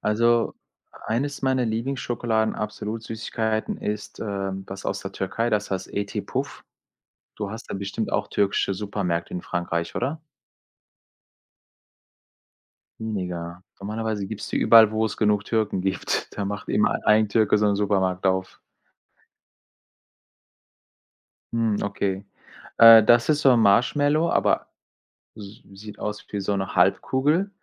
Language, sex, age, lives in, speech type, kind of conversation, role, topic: German, male, 45-49, Germany, conversation, unstructured, none, Was ist dein Lieblingsessen und warum magst du es so gerne?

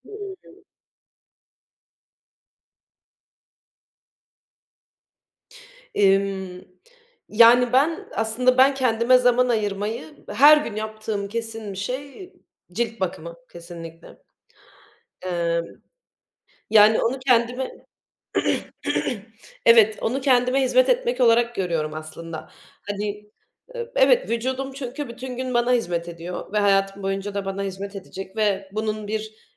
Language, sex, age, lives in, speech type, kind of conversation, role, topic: Turkish, female, 25-29, Germany, conversation, unstructured, none, Kendine iyi bakmak için neler yaparsın?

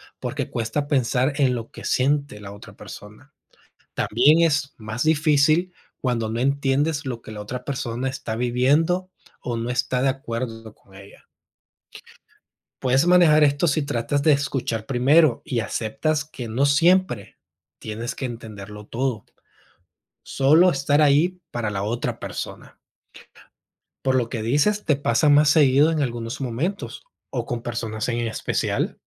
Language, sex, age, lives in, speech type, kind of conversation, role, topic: Spanish, male, 30-34, United States, read, expert-assistant, expert, ¿Por qué es importante la empatía en las relaciones humanas?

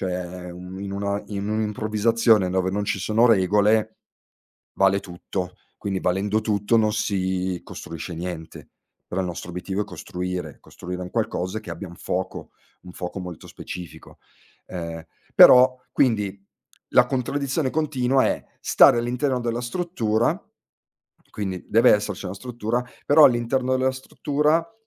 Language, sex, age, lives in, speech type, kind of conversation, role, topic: Italian, male, 40-44, Italy, conversation, podcast, guest, Qual è il ruolo dell’errore nei tuoi esperimenti creativi?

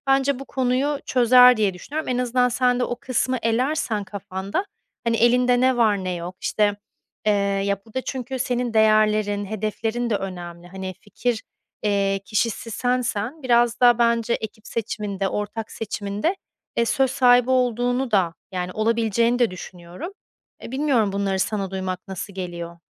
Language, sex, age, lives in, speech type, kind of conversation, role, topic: Turkish, female, 30-34, Spain, conversation, advice, advisor, Benim için doğru iş ortağını ve ekibi nasıl seçebilirim?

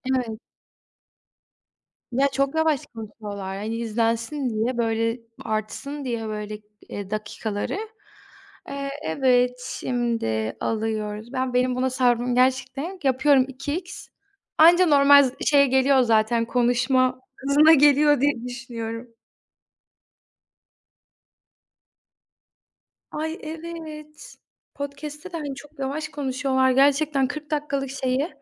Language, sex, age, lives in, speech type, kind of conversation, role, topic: Turkish, female, 20-24, Poland, conversation, unstructured, none, Yemek yapmayı sever misin, en çok hangi yemeği yapmayı seversin?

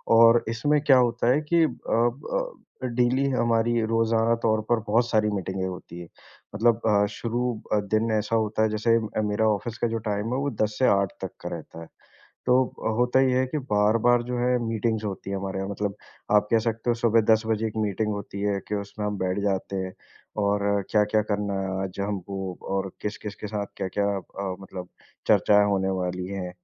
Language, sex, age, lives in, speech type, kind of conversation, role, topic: Hindi, male, 25-29, India, conversation, advice, user, ऑफिस की बार-बार होने वाली मीटिंगों से आपका गहरा काम कैसे बाधित हो रहा है?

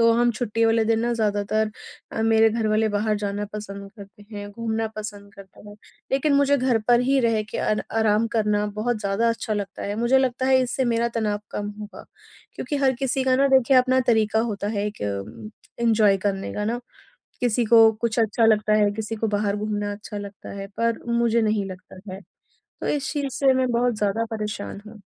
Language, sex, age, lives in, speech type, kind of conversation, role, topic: Hindi, female, 30-34, India, conversation, advice, user, घर पर आराम करने और तनाव कम करने के लिए मैं क्या करूँ?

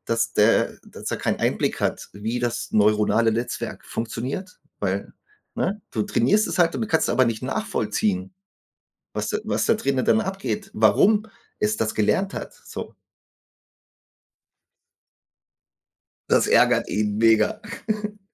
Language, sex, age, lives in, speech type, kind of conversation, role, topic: German, male, 40-44, Germany, conversation, unstructured, none, Was denkst du über künstliche Intelligenz?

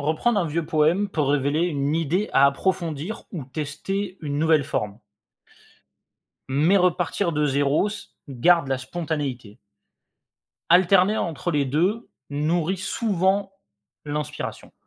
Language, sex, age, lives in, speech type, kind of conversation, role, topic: French, male, 25-29, France, read, expert-assistant, expert, Comment peut-on commencer à écrire de la poésie, même si on n’a jamais essayé ?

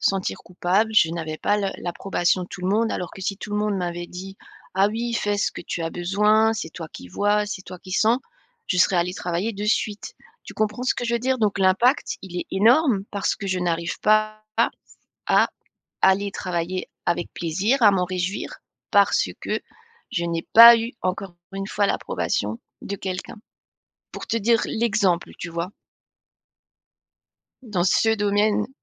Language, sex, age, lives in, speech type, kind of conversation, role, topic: French, female, 45-49, France, conversation, advice, user, Comment puis-je me libérer du besoin d’approbation des autres ?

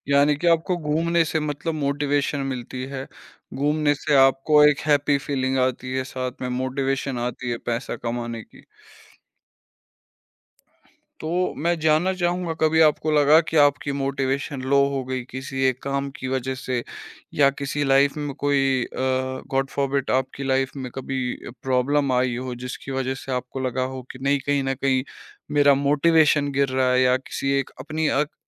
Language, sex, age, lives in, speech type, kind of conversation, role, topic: Hindi, male, 25-29, India, conversation, podcast, host, Kab tumhari motivation kam ho jaati hai, aur us waqt tum kya karte ho?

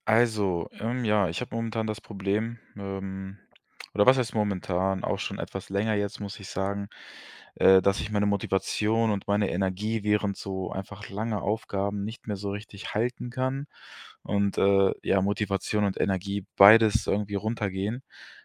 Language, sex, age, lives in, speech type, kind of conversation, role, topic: German, male, 25-29, Germany, conversation, advice, user, Wie kann ich bei langen Aufgaben meine Motivation und Energie aufrechterhalten?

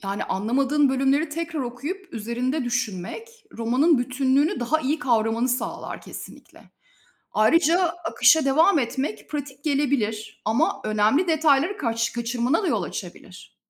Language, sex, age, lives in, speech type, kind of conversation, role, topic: Turkish, female, 45-49, Spain, read, expert-assistant, expert, Klasik romanları daha iyi anlamak için hangi yöntemler kullanılabilir?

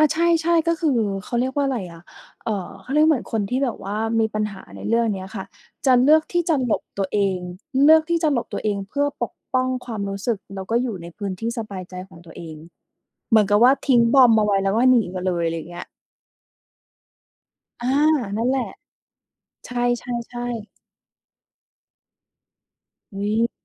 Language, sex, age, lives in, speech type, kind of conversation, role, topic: Thai, female, 30-34, Thailand, conversation, podcast, host, ทำอย่างไรให้การพูดคุยไม่วนกลับไปเป็นวงจรทะเลาะเรื่องเดิม ๆ?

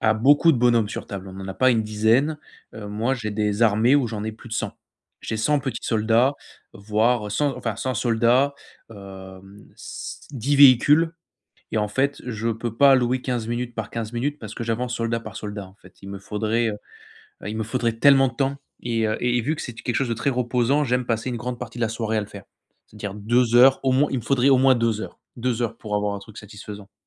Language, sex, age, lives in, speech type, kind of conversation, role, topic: French, male, 25-29, France, conversation, advice, user, Comment trouver du temps pour mes passions malgré un emploi du temps chargé ?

